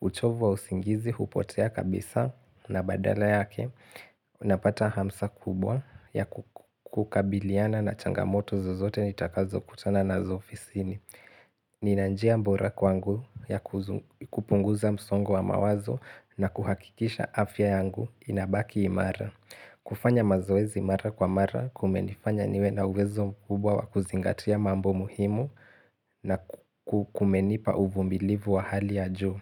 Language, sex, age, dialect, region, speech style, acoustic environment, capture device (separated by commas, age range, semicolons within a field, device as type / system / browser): Swahili, male, 18-24, Kenya - Mombasa, Kenya, focused; relaxed, silence, desktop / Linux / Chrome